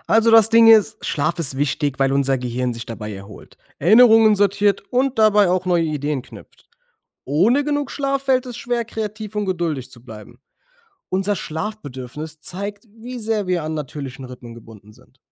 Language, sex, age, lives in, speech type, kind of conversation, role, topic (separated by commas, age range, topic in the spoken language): German, male, 25-29, Germany, read, expert-assistant, expert, Warum ist Schlaf für uns Menschen so wichtig?